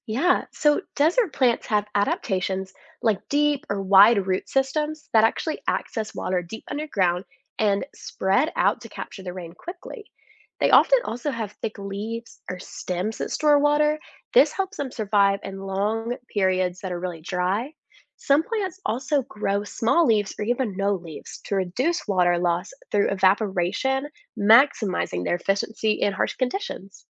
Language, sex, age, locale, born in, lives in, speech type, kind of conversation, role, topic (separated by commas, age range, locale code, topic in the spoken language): English, female, 20-24, en-US, United States, United States, read, expert-assistant, expert, How do plants grow in the desert?